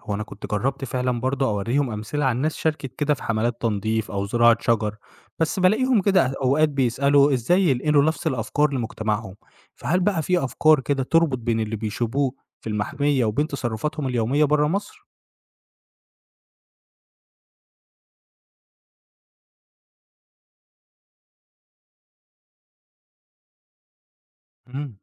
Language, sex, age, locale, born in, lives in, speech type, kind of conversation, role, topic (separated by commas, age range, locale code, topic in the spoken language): Arabic, male, 25-29, ar-EG, Egypt, Egypt, read, expert-assistant, asker, إزاي نقدر نتحقق من صحة المعلومات اللي بنسمعها عن تغير المناخ وتأثيره على جغرافية مصر؟